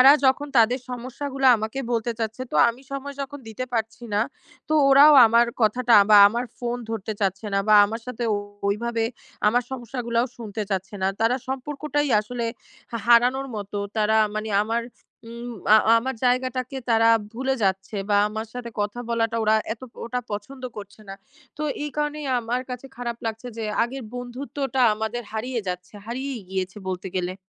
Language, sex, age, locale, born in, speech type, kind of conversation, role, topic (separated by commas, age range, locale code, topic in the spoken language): Bengali, female, 20-24, bn-BD, Bangladesh, conversation, advice, user, কাজ বা পড়াশোনার কারণে কি আপনার বন্ধু এবং সমর্থন কমে গেছে বা হারিয়ে গেছে?